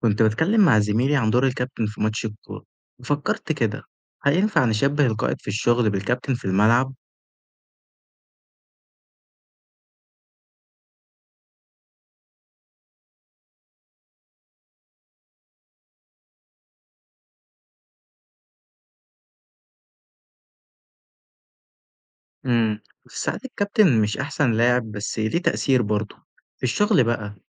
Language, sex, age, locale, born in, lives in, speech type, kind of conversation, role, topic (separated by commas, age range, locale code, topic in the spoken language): Arabic, male, 20-24, ar-EG, Egypt, Egypt, read, expert-assistant, asker, إزاي ممكن نشبه دور القائد في الشغل بدور الكابتن في ماتش كورة؟ فين أوجه التشابه والاختلاف؟